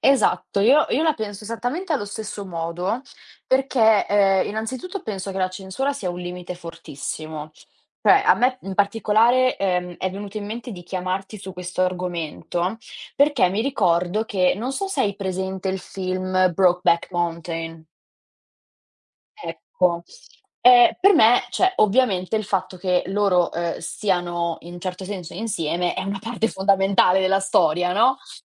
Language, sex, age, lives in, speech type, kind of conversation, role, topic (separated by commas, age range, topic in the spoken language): Italian, female, 20-24, Italy, conversation, unstructured, none, Cosa pensi della censura nei film e nelle serie TV?